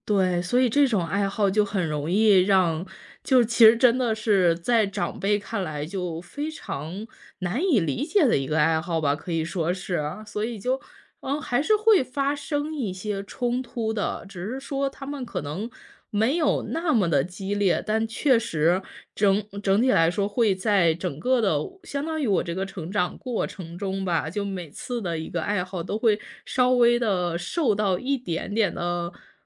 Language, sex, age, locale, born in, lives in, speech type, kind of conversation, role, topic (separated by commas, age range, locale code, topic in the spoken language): Chinese, female, 30-34, zh-CN, China, United States, conversation, podcast, guest, 家人朋友怎么看你的这个爱好？